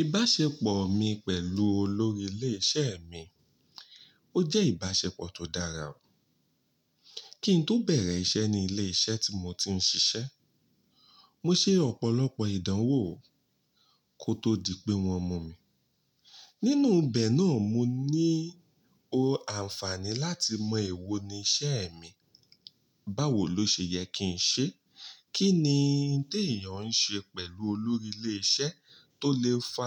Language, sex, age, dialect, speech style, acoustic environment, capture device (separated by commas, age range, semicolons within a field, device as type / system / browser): Yoruba, male, 35-44, Nigeria - Ibadan, happy, nature, mobile / Linux / Chrome